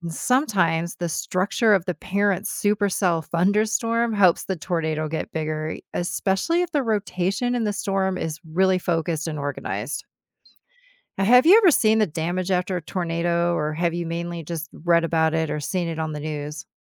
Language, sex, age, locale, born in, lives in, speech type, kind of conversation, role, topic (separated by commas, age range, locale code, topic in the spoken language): English, female, 50-54, en-US, United States, United States, read, expert-assistant, expert, What causes tornadoes to form?